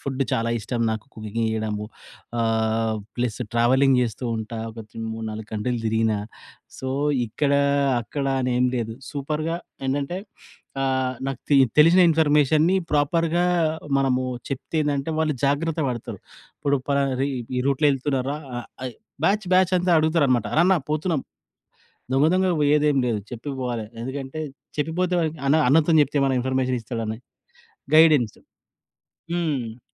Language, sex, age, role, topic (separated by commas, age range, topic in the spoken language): Telugu, male, 40-44, guest, ఒకసారి మీరు దారి తప్పినప్పుడు నేర్చుకున్న కొత్త పాఠం ఏమిటి?